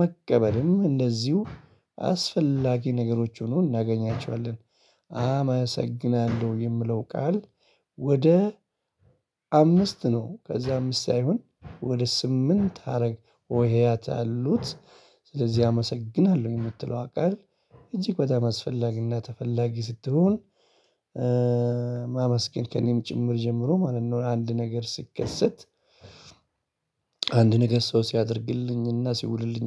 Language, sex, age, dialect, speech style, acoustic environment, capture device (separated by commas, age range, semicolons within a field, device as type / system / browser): Amharic, male, 35-44, Ethiopia - Addis Ababa, excited; focused, people talking; appliances, mobile / Linux / Chrome